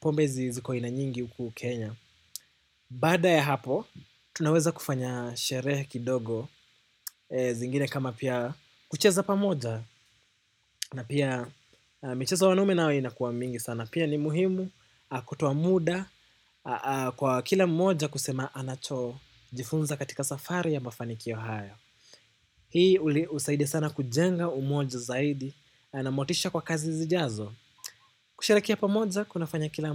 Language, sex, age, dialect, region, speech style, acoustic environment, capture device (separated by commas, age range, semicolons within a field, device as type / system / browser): Swahili, male, 18-24, Kenya - Nairobi Sheng-influenced, Kenya, relaxed, silence, desktop / macOS / Chrome